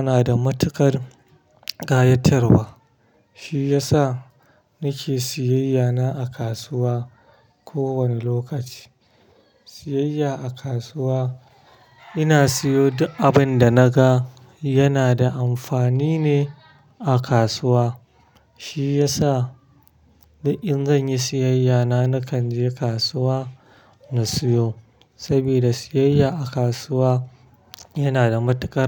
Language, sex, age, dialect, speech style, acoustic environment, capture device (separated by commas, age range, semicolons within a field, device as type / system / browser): Hausa, male, 25-34, Nigeria - Kano, stressed; happy, traffic; silence, mobile / Linux / Chrome